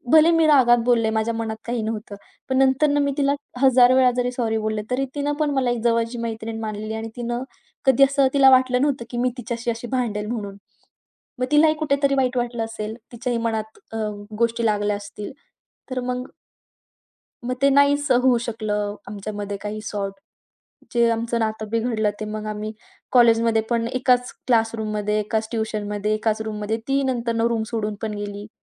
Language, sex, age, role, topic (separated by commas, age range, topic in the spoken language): Marathi, female, 20-24, guest, घरातील लहान ताणतणाव मिटवण्यासाठी तुम्ही काय करता?